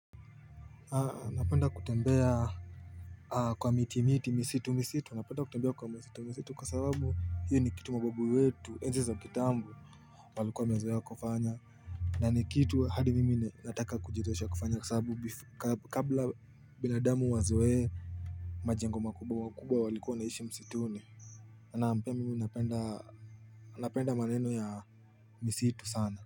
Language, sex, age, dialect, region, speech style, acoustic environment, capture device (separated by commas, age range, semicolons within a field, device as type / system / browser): Swahili, male, 18-24, Kenya - Nairobi Sheng-influenced, Kenya, happy; focused, people talking; music, mobile / Linux / Chrome